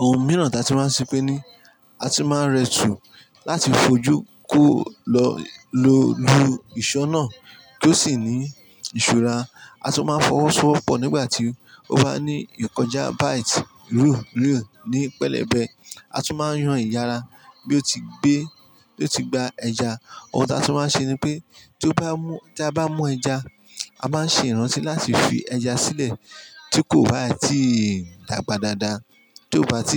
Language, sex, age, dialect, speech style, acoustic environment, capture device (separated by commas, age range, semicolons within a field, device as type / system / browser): Yoruba, male, 35-44, Nigeria - Ijebu, happy; focused; relaxed; excited, people talking; music; construction; traffic; appliances, mobile / Linux / Chrome